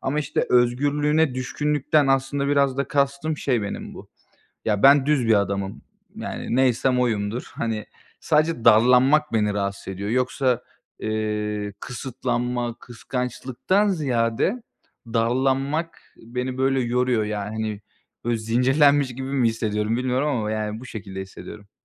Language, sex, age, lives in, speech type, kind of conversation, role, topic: Turkish, male, 25-29, Bulgaria, conversation, advice, user, Yas sonrası yeni bir ilişkide sağlıklı sınırlar nasıl belirlerim ve nereden başlamalıyım?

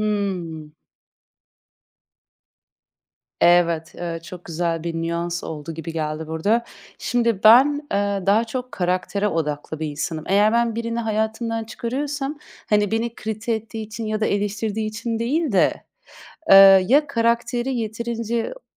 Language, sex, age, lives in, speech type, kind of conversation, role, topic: Turkish, female, 30-34, Netherlands, conversation, podcast, guest, Motivasyonunuz düştüğünde rutininize nasıl geri dönersiniz?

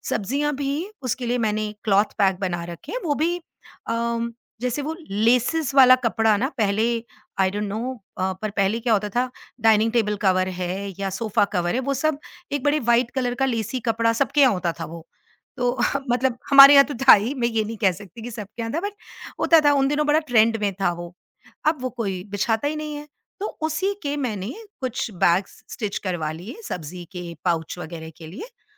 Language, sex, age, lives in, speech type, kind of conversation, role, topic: Hindi, female, 50-54, India, conversation, podcast, guest, Kaunse samaan rakhne ke tareeke roz ki zindagi ko zyada aasaan bana dete hain?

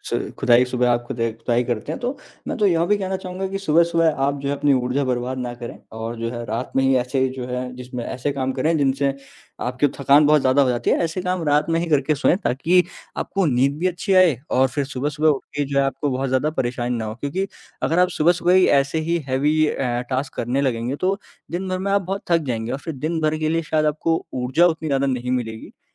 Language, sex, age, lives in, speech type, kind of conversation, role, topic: Hindi, male, 20-24, India, conversation, unstructured, none, आप अपने दिन की शुरुआत सबसे ज़्यादा किस तरह करना पसंद करते हैं?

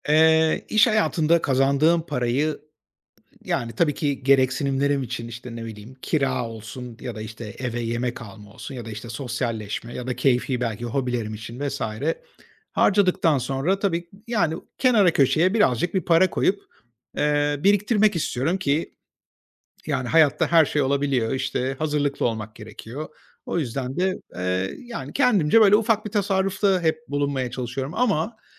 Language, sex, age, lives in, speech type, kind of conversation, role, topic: Turkish, male, 45-49, Spain, conversation, advice, user, Tasarruf etmek isterken sosyal baskı nedeniyle harcama yapmaktan nasıl kaçınabilirim?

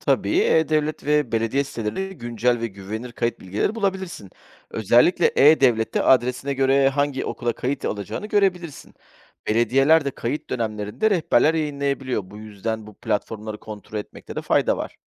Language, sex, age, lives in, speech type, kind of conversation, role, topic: Turkish, male, 40-44, Greece, read, expert-assistant, expert, Kamu hizmetleriyle ilgili sosyal medyada okuduğum bilgilere ne kadar güvenmeliyim? Doğruluğunu kontrol etmek için hangi yolları önerirsiniz?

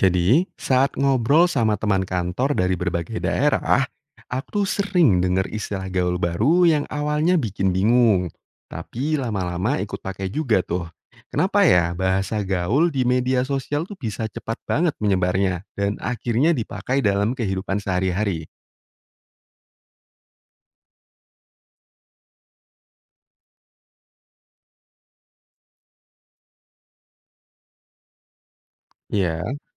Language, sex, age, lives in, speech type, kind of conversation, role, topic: Indonesian, male, 30-34, Indonesia, read, expert-assistant, asker, Mengapa Istilah Gaul Cepat Menyebar dan Berubah di Masyarakat?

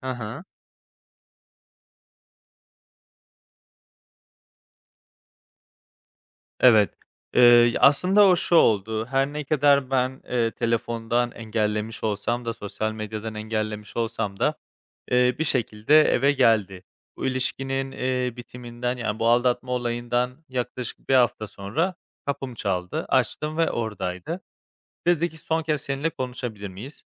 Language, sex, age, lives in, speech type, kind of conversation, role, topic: Turkish, male, 25-29, Spain, conversation, advice, user, Aldatma sonrası güveni ve özdeğer duygusunu yeniden nasıl inşa edebilirim?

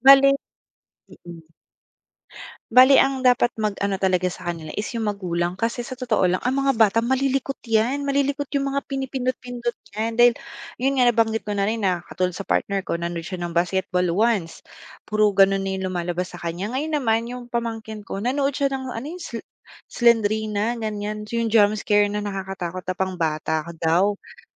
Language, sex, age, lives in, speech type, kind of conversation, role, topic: Filipino, female, 25-29, Philippines, conversation, podcast, guest, Paano naaapektuhan ng mga algoritmo ang panlasa mo sa mga napapanood at nababasang nilalaman?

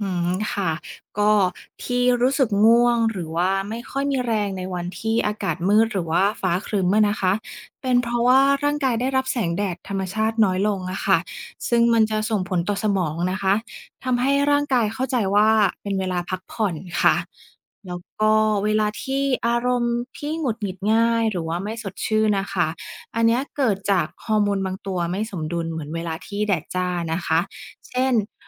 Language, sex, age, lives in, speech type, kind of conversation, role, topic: Thai, female, 30-34, Thailand, read, expert-assistant, expert, ทำไมท้องฟ้าถึงเปลี่ยนสีในแต่ละช่วงเวลา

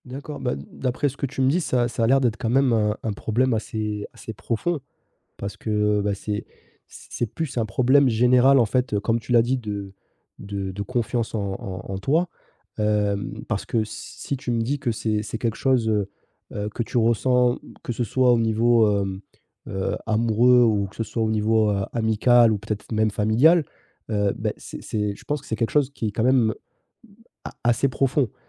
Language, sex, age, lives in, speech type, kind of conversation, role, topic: French, male, 30-34, France, conversation, advice, advisor, Comment puis-je gérer une jalousie ou une insécurité persistante ?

